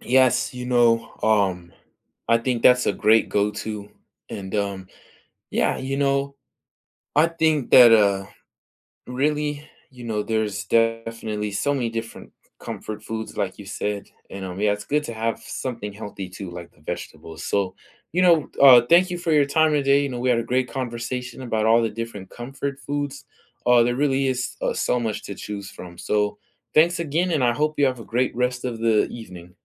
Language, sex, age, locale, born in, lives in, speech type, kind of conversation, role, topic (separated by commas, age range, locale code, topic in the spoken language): English, male, 18-19, en-US, United States, United States, conversation, unstructured, none, What is your go-to comfort food, and what is the story behind it?